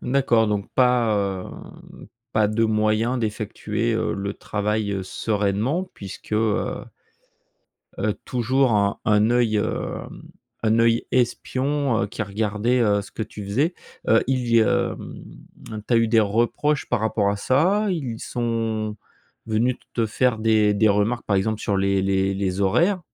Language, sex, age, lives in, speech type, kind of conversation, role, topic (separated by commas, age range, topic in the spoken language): French, male, 45-49, France, conversation, podcast, host, As-tu des méthodes simples pour gérer le stress au travail ?